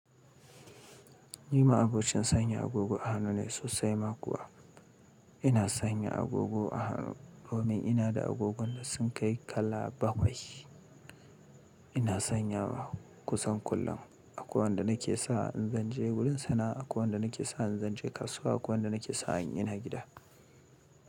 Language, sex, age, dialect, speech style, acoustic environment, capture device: Hausa, male, 35-44, Nigeria - Kano, happy, people talking, mobile / Linux / Chrome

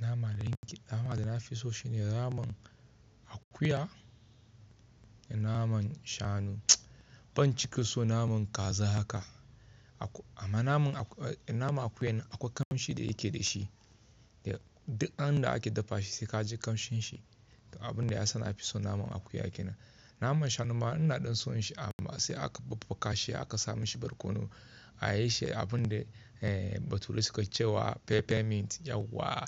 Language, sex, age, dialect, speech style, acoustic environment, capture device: Hausa, male, 25-34, Nigeria - Kano, relaxed, silence, mobile / Linux / Chrome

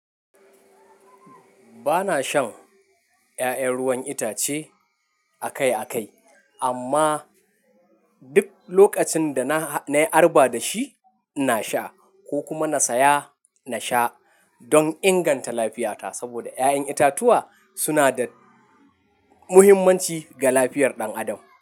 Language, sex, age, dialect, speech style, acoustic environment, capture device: Hausa, male, 25-34, Nigeria - Nigerian Standard, happy, people talking, mobile / Linux / Chrome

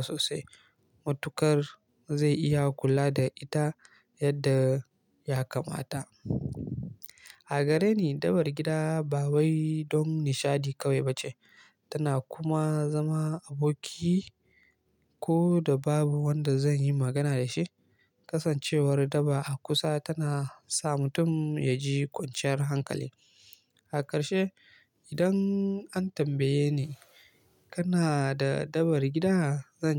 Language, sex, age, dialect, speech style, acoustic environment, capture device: Hausa, male, 18-24, Nigeria - Kano, relaxed, silence, mobile / Linux / Chrome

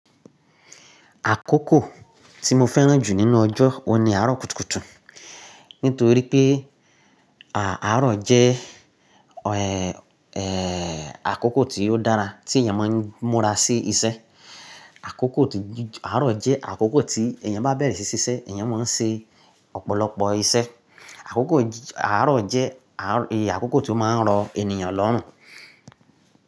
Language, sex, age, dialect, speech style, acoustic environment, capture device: Yoruba, male, 35-44, Nigeria - Nigerian Standard, relaxed; focused, silence, mobile / Linux / Chrome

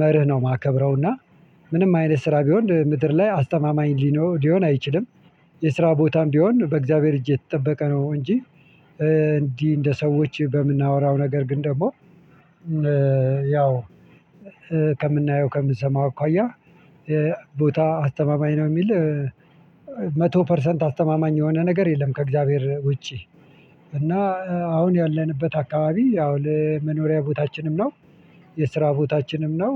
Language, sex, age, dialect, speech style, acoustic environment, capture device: Amharic, male, 45-54, Ethiopia - Addis Ababa, happy, people talking, mobile / Linux / Chrome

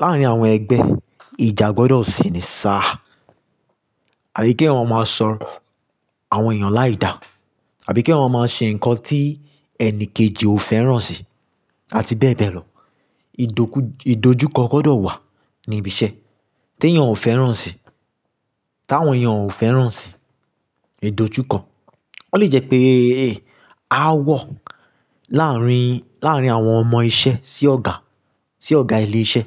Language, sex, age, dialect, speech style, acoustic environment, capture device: Yoruba, male, 25-34, Nigeria - Lagos Yoruba, relaxed, construction; silence, mobile / Linux / Chrome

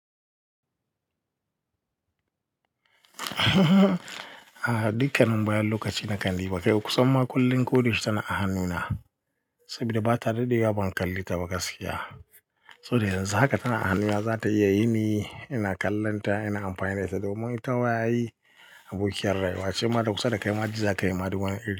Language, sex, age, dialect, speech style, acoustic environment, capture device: Hausa, male, 25-34, Nigeria - Katsina, happy, people talking, mobile / Linux / Chrome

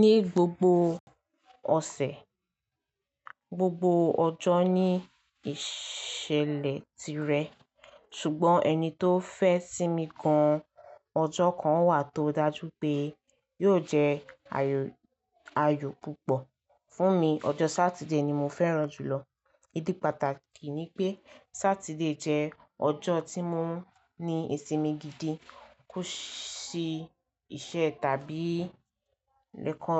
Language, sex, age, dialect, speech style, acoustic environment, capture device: Yoruba, female, 18-24, Nigeria - Lagos Yoruba, relaxed, silence; nature, mobile / Linux / Chrome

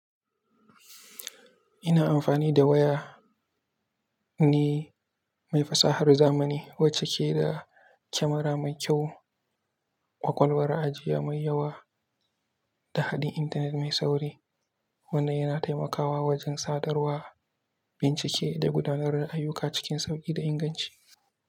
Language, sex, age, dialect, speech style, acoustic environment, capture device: Hausa, male, 18-24, Nigeria - Kano, happy, silence, mobile / Linux / Chrome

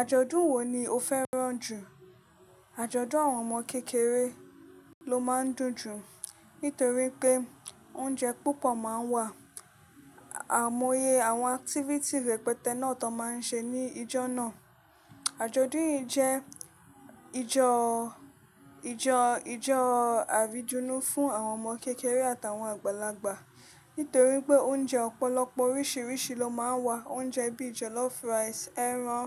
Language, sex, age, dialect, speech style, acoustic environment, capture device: Yoruba, female, 25-34, Nigeria - Lagos Yoruba, relaxed, silence, mobile / Linux / Chrome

